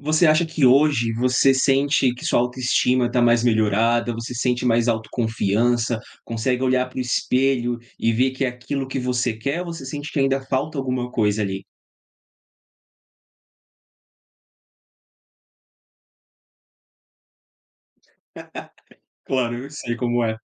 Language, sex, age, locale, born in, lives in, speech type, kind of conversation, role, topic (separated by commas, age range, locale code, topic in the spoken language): Portuguese, male, 30-34, pt-BR, Brazil, Portugal, conversation, podcast, host, Como você cultiva a autoestima no cotidiano?